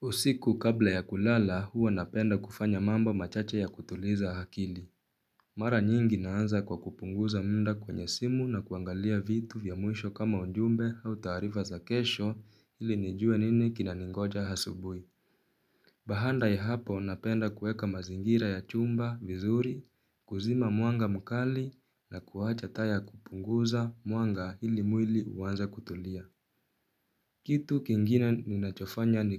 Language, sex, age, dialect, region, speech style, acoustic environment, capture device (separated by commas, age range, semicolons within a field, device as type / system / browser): Swahili, male, 18-24, Kenya - Nairobi Sheng-influenced, Kenya, focused, silence, mobile / Linux / Chrome